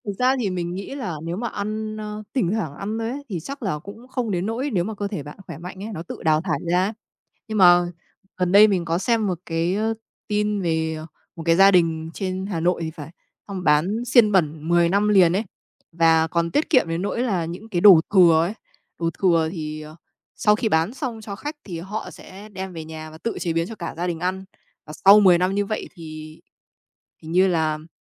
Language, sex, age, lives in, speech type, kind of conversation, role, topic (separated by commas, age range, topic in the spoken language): Vietnamese, female, 30-34, Vietnam, conversation, unstructured, none, Làm thế nào để bạn duy trì thói quen ăn uống lành mạnh?